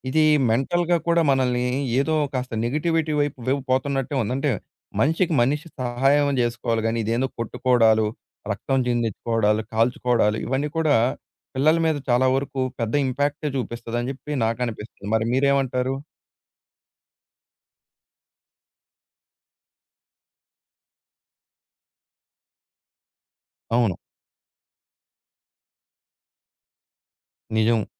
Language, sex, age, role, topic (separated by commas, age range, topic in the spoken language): Telugu, male, 20-24, host, మీరు ఫోన్‌లో స్క్రీన్‌ చూసే సమయాన్ని తగ్గించిన అనుభవాన్ని చెప్పగలరా?